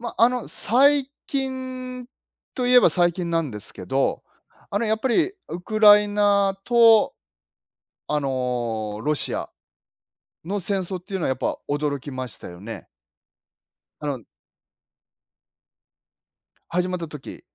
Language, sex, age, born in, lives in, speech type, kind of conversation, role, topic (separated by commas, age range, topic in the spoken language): Japanese, male, 50-54, Japan, Japan, conversation, unstructured, none, 最近のニュースで一番驚いたことは何ですか？